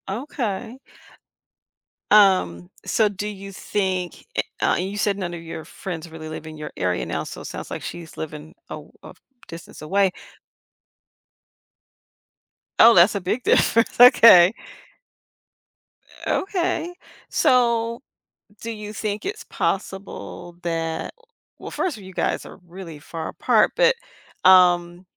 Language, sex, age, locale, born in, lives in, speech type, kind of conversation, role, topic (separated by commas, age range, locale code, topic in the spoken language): English, female, 55-59, en-US, United States, United States, conversation, advice, advisor, How can I strengthen my close friendships and rebuild connection after changes?